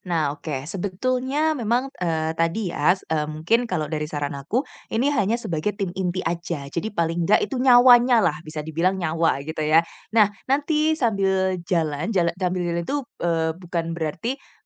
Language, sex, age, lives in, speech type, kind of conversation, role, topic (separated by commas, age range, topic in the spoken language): Indonesian, female, 25-29, Indonesia, conversation, advice, advisor, Bagaimana cara membangun tim yang efektif dengan sumber daya terbatas?